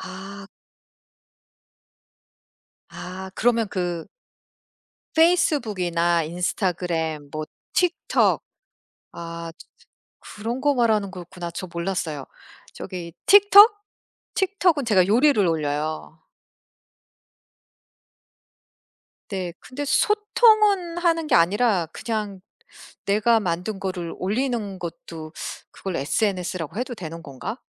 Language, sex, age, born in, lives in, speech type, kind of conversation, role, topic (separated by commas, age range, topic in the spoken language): Korean, female, 45-49, United States, United States, conversation, unstructured, none, SNS와 블로그 중 어느 플랫폼을 더 자주 사용하시나요?